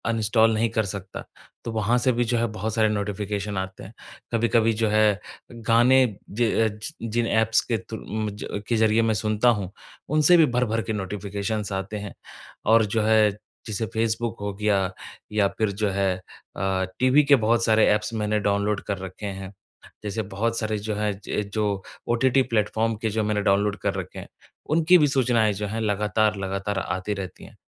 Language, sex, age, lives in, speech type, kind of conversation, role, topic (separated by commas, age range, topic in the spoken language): Hindi, male, 25-29, India, conversation, advice, user, डिजिटल सूचनाएँ मेरे काम में बार-बार बाधा क्यों डालती हैं?